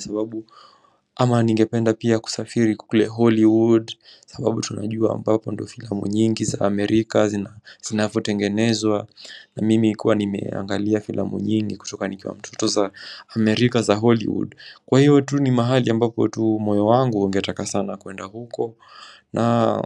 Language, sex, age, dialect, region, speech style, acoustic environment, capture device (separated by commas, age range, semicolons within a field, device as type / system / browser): Swahili, male, 18-24, Kenya - Mombasa, Kenya, relaxed; focused, construction, mobile / macOS / Safari